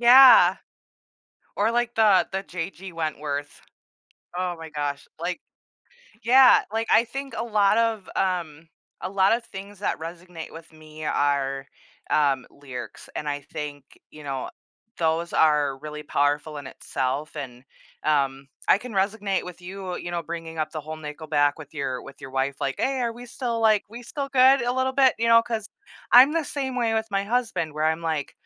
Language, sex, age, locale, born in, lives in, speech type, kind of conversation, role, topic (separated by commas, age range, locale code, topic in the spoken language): English, female, 30-34, en-US, United States, United States, conversation, podcast, guest, How do our personal experiences and emotions shape the way we connect with music?